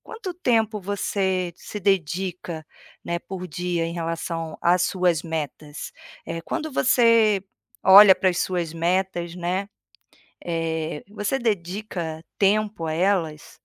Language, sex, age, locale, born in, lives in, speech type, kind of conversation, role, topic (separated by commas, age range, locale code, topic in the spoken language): Portuguese, female, 45-49, pt-BR, Brazil, Portugal, conversation, advice, advisor, Como posso começar quando me sinto sobrecarregado por metas grandes?